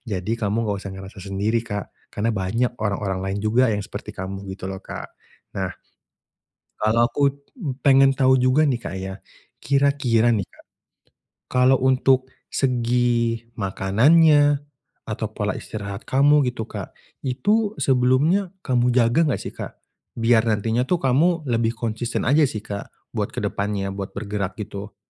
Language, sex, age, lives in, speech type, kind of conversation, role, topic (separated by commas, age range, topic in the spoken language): Indonesian, male, 25-29, Indonesia, conversation, advice, advisor, Bagaimana saya bisa tetap konsisten mengambil langkah-langkah kecil setiap hari?